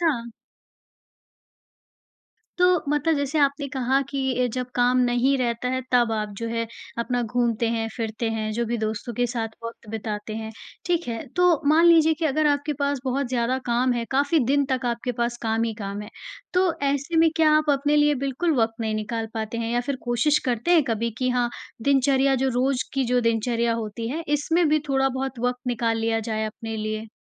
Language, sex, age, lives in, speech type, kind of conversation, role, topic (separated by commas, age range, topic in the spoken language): Hindi, female, 40-44, India, conversation, podcast, host, काम और ज़िंदगी के बीच संतुलन तुम्हें कैसे बदलता है?